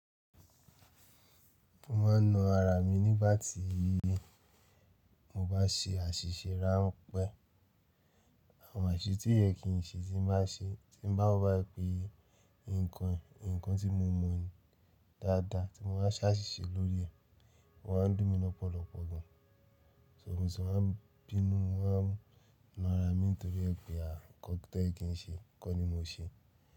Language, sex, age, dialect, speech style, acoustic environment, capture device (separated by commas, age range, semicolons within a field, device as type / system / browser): Yoruba, male, 35-44, Nigeria - Nigerian Standard, relaxed, silence, mobile / Linux / Chrome